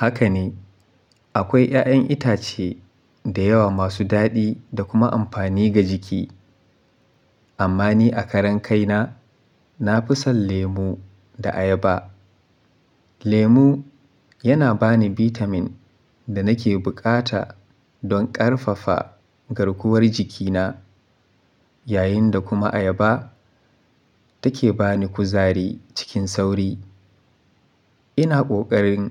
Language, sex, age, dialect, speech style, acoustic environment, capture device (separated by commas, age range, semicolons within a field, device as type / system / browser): Hausa, male, 25-34, Nigeria - Kano, happy, silence, mobile / Linux / Chrome